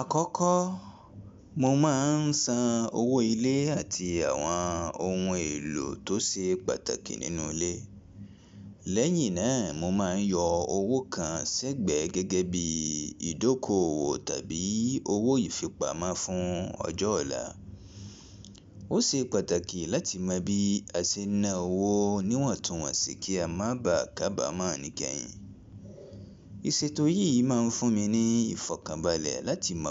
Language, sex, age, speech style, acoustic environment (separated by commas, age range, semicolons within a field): Yoruba, male, 25-34, relaxed, people talking; music; appliances